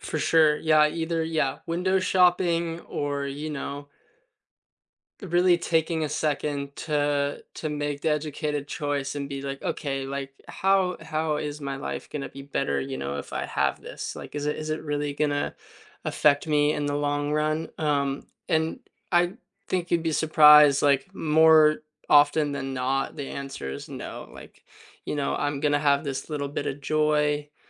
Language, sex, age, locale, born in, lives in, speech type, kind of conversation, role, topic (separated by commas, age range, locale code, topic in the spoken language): English, male, 20-24, en-US, United States, United States, conversation, unstructured, none, What is the best way to save money while traveling?